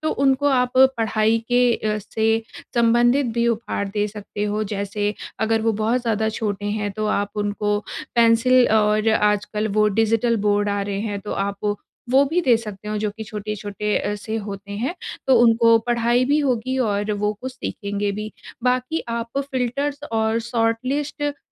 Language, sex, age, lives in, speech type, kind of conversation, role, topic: Hindi, female, 30-34, India, conversation, advice, advisor, व्यस्त जीवन में मैं सही कपड़े और उपहार कैसे चुनूँ?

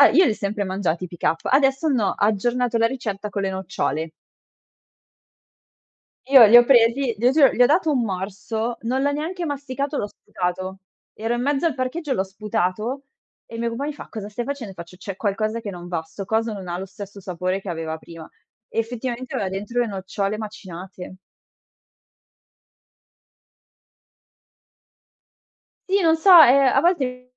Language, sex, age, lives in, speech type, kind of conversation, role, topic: Italian, female, 25-29, Italy, conversation, podcast, guest, Come gestisci le allergie e le intolleranze dei tuoi ospiti?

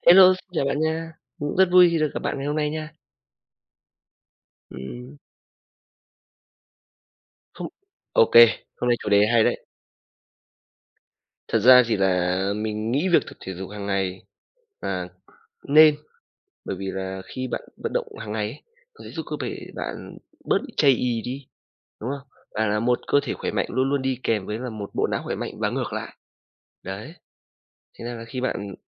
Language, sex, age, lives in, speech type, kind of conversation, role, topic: Vietnamese, male, 25-29, Vietnam, conversation, unstructured, none, Bạn nghĩ việc tập thể dục hằng ngày có thực sự cần thiết không?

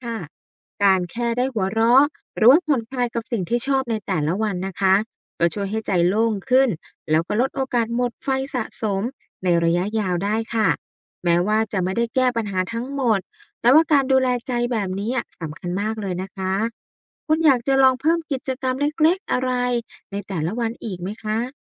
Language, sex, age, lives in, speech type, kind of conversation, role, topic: Thai, female, 35-39, Thailand, read, expert-assistant, expert, หากมีสัญญาณเตือนทางสุขภาพจิตหลายอย่างเกิดขึ้นพร้อมกัน เช่น นอนไม่หลับ ขาดแรงจูงใจ และวิตกกังวล ควรจัดลำดับความสำคัญในการดูแลหรือขอความช่วยเหลือกับอาการไหนก่อน? ทำไม?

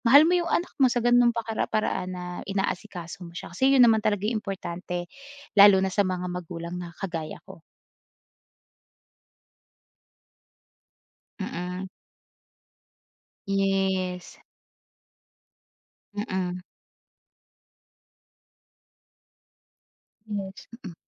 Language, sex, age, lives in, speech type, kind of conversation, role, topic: Filipino, female, 25-29, Philippines, conversation, podcast, guest, Paano mo ipinapakita ang pagmamahal sa pamamagitan ng pagkain?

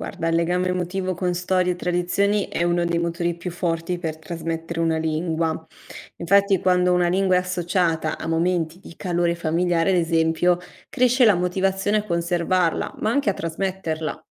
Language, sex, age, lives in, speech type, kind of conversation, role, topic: Italian, female, 60-64, Italy, read, expert-assistant, expert, Tra il mantenere il dialetto di famiglia e imparare l’inglese per il lavoro, cosa pensi sia più importante al giorno d’oggi?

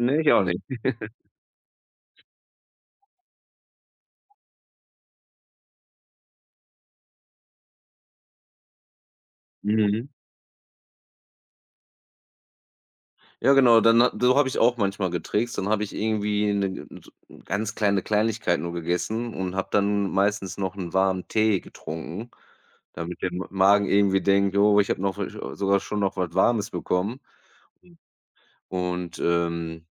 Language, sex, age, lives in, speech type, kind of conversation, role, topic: German, male, 35-39, Germany, conversation, unstructured, none, Wie hat sich dein Essverhalten in den letzten Jahren verändert?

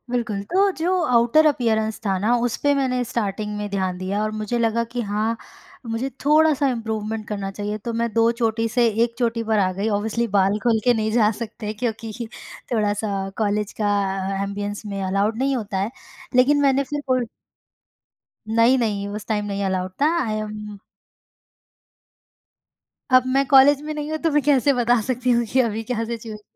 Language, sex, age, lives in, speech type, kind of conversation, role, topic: Hindi, female, 25-29, India, conversation, podcast, guest, Tumhare style mein waqt ke saath kya-kya badlav aaye, aur yeh badlav kaise hue?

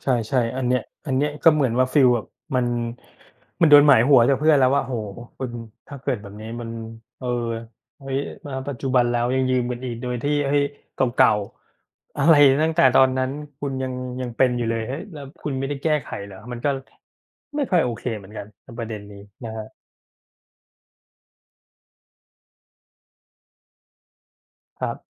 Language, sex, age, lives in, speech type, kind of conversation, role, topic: Thai, male, 40-44, Thailand, conversation, unstructured, none, คุณเคยรู้สึกไม่พอใจกับเพื่อนที่ชอบยืมของแล้วไม่คืนไหม?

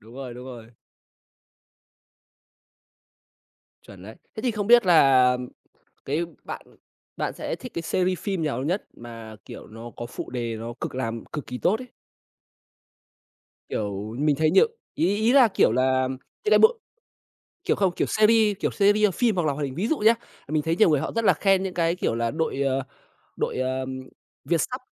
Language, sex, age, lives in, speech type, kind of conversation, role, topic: Vietnamese, male, 25-29, Vietnam, conversation, podcast, host, Bạn nghĩ sao về việc xem phim có phụ đề so với xem phim lồng tiếng?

